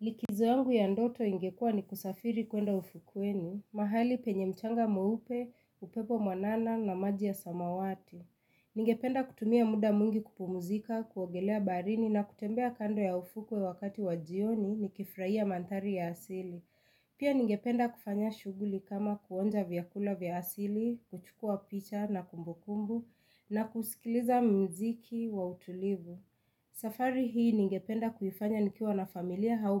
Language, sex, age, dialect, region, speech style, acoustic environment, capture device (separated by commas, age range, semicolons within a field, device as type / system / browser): Swahili, female, 25-34, Kenya - Nairobi Sheng-influenced, Kenya, excited; relaxed, silence, mobile / Linux / Chrome